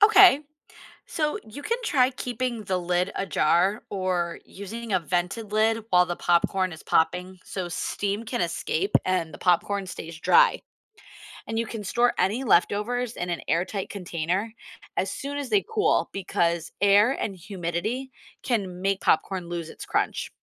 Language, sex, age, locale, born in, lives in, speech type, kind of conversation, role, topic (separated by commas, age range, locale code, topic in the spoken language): English, female, 35-39, en-US, United States, United States, read, expert-assistant, expert, How can you make perfect popcorn at home?